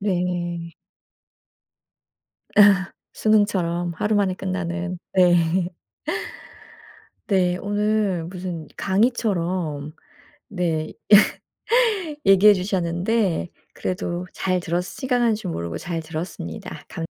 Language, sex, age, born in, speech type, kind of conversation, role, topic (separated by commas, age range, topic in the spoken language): Korean, female, 45-49, South Korea, conversation, podcast, host, 한동안 사실이라고 믿었던 상식이 틀렸다는 걸 알고 다시 배우게 된 경험이 있으신가요?